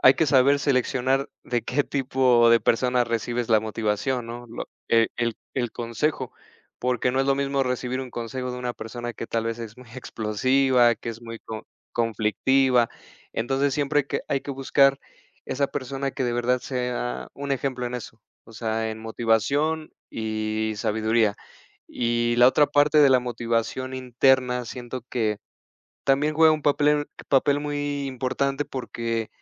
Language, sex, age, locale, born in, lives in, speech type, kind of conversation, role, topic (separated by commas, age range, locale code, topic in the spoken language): Spanish, male, 30-34, es-MX, Mexico, Mexico, conversation, unstructured, none, ¿Cómo te motivas cuando te sientes desanimado?